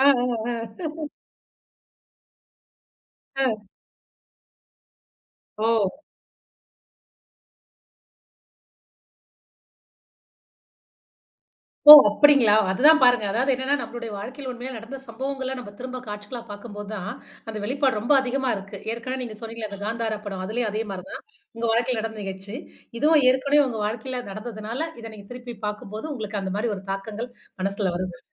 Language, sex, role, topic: Tamil, female, host, கதை சொல்லும் விதம் கேட்பவரின் உணர்ச்சிகளை எவ்வாறு தூண்டுகிறது?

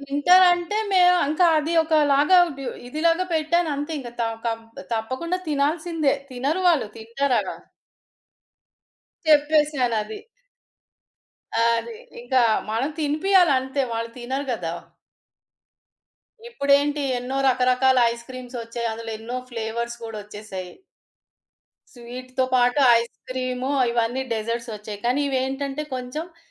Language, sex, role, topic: Telugu, female, guest, సరళంగా తయారయ్యే కానీ ఆనందంగా తినిపించే డెజర్ట్‌గా మీరు ఏది సిఫార్సు చేస్తారు?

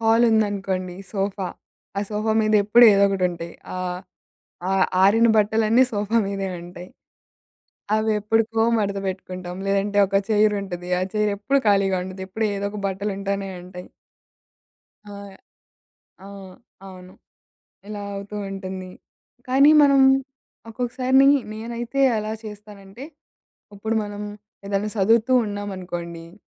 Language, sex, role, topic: Telugu, female, guest, రద్దీగా కనిపించే గదిని తక్కువ సమయంలో శుభ్రంగా చేసుకోవడానికి మీరు ఏ పద్ధతి ఉపయోగిస్తారు?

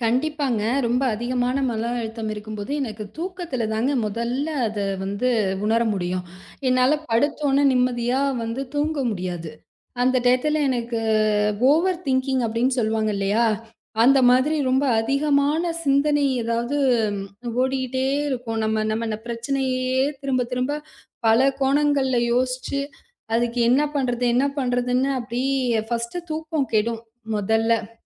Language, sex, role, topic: Tamil, female, guest, மனஅழுத்தம் அதிகமாக இருக்கும் நேரங்களில் உங்கள் பொழுதுபோக்குகள் உங்களுக்கு எப்படி உதவுகின்றன?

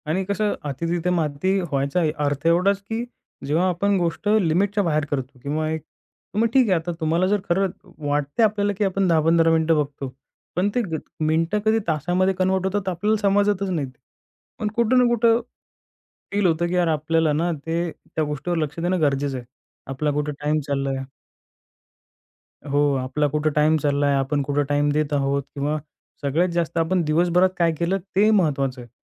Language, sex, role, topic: Marathi, male, guest, शॉर्ट व्हिडिओंच्या वाढत्या कलामुळे लोकांच्या मनोरंजनाच्या सवयींवर नेमका काय परिणाम होत आहे?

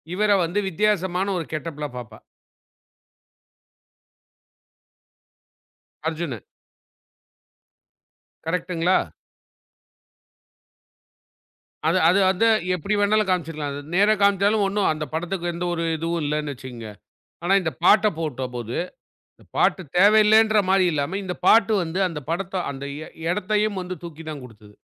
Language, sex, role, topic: Tamil, male, host, குடும்பத்தில் யார் உங்களுக்கு முதலில் இசையைக் கொண்டு வந்தார்?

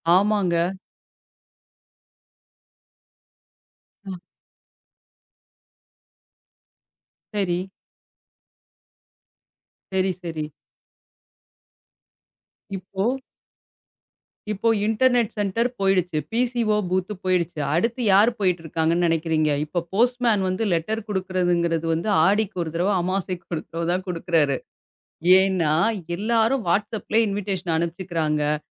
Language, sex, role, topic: Tamil, female, guest, மெசின்கள் நமக்குப் பல வேலைகள் செய்துட்டா நாம் என்ன இழக்கிறோம்?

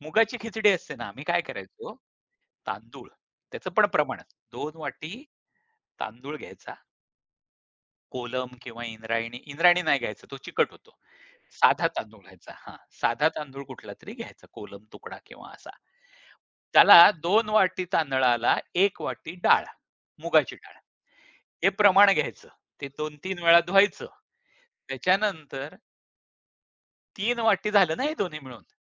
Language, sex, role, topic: Marathi, male, guest, तुमच्या कुटुंबातील खास रेसिपीबद्दल तुम्ही काय सांगाल?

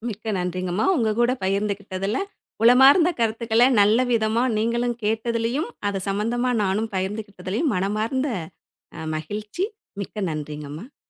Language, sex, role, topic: Tamil, female, guest, குழந்தைகளுக்கு கல்வியில் ஏற்படும் அழுத்தத்தை நீங்கள் எப்படிப் புரிந்து கொள்கிறீர்கள்?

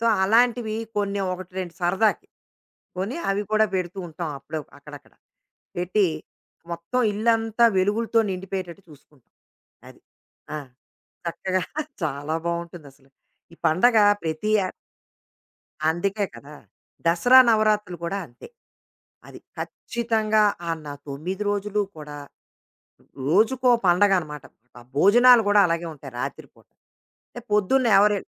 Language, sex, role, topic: Telugu, female, guest, పండుగల సమయాల్లో ఒంటరితనాన్ని తగ్గించేందుకు మీరు ఇష్టపడే పద్ధతులు ఏమిటి?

